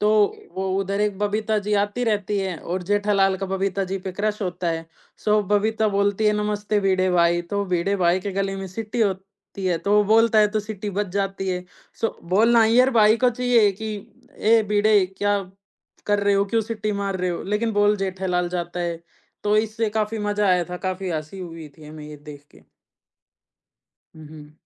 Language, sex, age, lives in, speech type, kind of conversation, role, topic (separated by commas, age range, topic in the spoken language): Hindi, male, 20-24, India, conversation, podcast, guest, Kaunsa TV serial tumhe sabse zyada baandh kar rakhta tha?